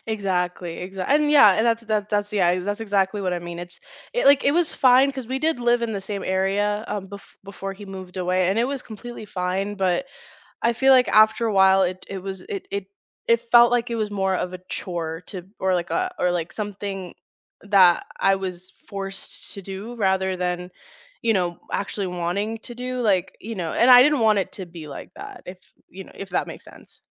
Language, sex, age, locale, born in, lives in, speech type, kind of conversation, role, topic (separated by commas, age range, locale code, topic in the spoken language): English, female, 25-29, en-US, United States, United States, conversation, unstructured, none, Can you share a recent personal challenge you faced and what it taught you about yourself?